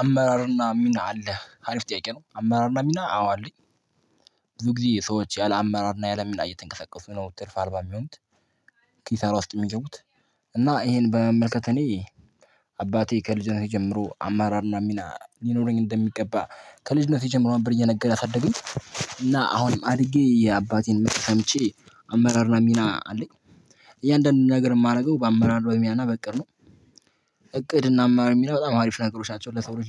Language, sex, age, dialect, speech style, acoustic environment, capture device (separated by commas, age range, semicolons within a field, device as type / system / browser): Amharic, male, 18-24, Ethiopia - Addis Ababa, focused, silence, mobile / Linux / Chrome